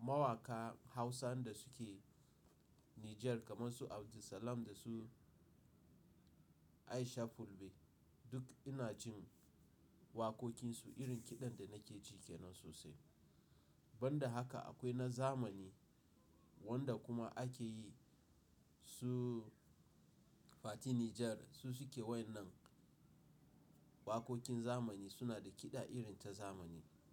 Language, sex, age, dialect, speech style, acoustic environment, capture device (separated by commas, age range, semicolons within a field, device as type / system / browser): Hausa, male, 35-44, Nigeria - Nigerian Standard, focused, nature, mobile / Linux / Chrome